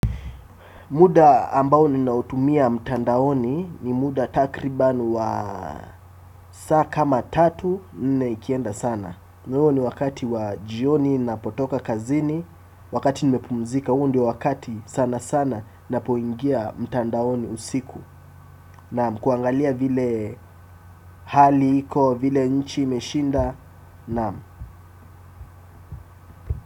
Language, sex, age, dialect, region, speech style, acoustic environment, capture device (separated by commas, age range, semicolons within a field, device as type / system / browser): Swahili, male, 18-24, Kenya - Mombasa, Kenya, relaxed, appliances, desktop / Windows / Chrome